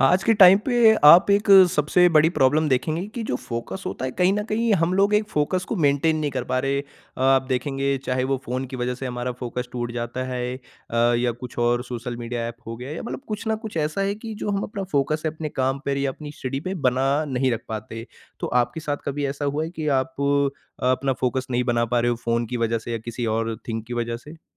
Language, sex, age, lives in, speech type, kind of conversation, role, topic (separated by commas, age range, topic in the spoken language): Hindi, male, 40-44, India, conversation, podcast, host, Tum focus banaye rakhne ke liye kaunse tareeke aur kaunse apps use karte ho?